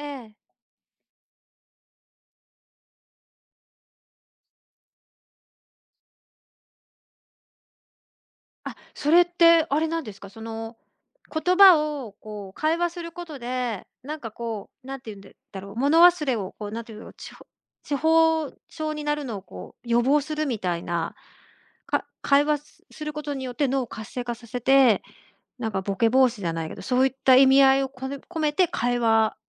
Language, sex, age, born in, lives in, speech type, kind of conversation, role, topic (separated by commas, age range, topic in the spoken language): Japanese, female, 50-54, Japan, Japan, conversation, podcast, host, AIが生活に広く普及したら、私たちの日常はどのように変わるのでしょうか？